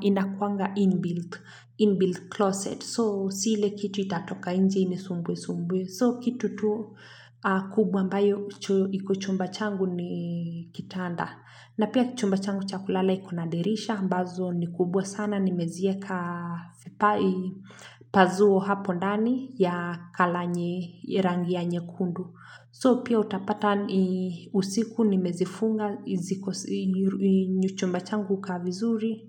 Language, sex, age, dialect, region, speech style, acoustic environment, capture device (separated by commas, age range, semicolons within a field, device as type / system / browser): Swahili, female, 25-34, Kenya - Nairobi Sheng-influenced, Kenya, happy; focused; relaxed, silence, mobile / Linux / Chrome